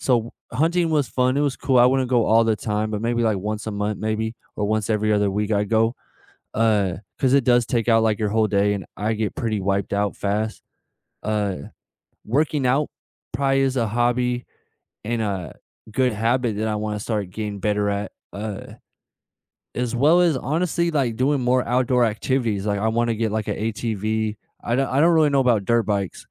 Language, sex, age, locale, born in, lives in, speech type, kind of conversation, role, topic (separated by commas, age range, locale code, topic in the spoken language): English, male, 25-29, en-US, United States, United States, conversation, unstructured, none, Which childhood tradition have you carried into adulthood or reinvented, and how does it still connect you to people and places you love?